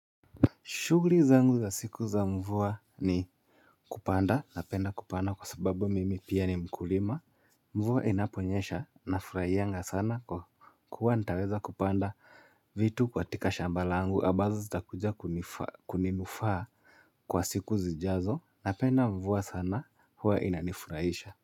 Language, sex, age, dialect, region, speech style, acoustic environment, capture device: Swahili, male, 25-34, Kenya - Nairobi Sheng-influenced, Kenya, happy; nervous, silence, mobile / Linux / Chrome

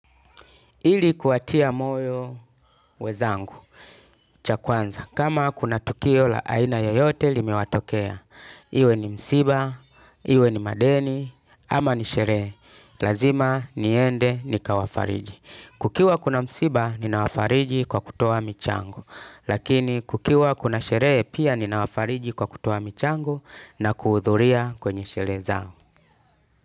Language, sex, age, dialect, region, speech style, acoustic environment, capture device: Swahili, male, 35-44, Tanzania - Dar es Salaam, Tanzania, tired, music, desktop / Linux / Chrome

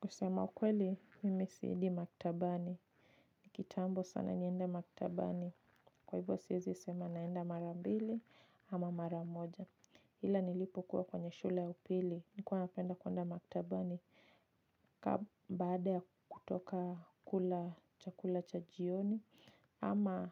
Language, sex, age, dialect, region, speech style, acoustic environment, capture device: Swahili, female, 25-34, Kenya - Nairobi Sheng-influenced, Kenya, focused, silence, mobile / Linux / Chrome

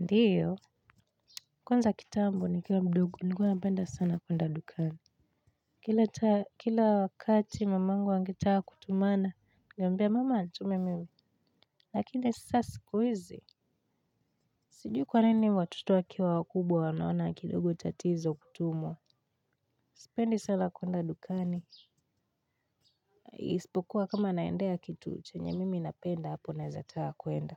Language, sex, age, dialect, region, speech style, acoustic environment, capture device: Swahili, female, 18-24, Kenya - Nairobi Sheng-influenced, Kenya, relaxed; focused, people talking; nature, mobile / Linux / Chrome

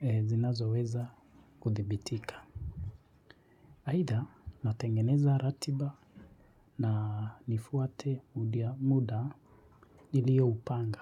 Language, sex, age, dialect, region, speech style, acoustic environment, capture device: Swahili, male, 25-34, Kenya - Nairobi Sheng-influenced, Kenya, relaxed; focused, people talking, mobile / Linux / Chrome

